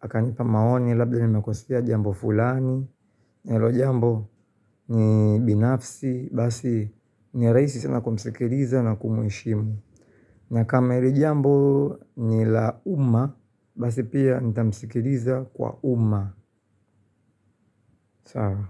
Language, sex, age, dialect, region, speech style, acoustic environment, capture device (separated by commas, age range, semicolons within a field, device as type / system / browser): Swahili, male, 25-34, Tanzania - Dar es Salaam, Tanzania, happy; relaxed, appliances, mobile / Linux / Chrome